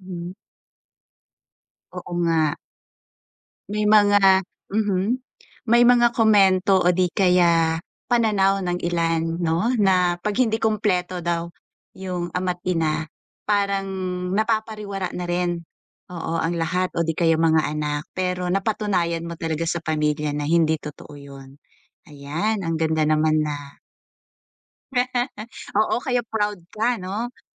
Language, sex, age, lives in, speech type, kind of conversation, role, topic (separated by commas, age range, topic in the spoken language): Filipino, female, 40-44, Philippines, conversation, podcast, host, Paano mo tinutukoy ang tagumpay sa buhay mo?